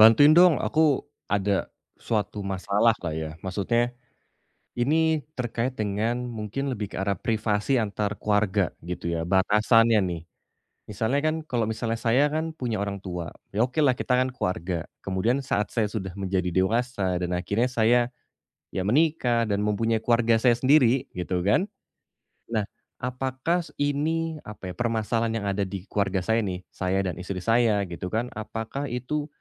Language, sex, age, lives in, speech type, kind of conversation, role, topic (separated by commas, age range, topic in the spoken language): Indonesian, male, 30-34, Indonesia, conversation, advice, user, Bagaimana cara menetapkan batas dalam keluarga tanpa merasa bersalah?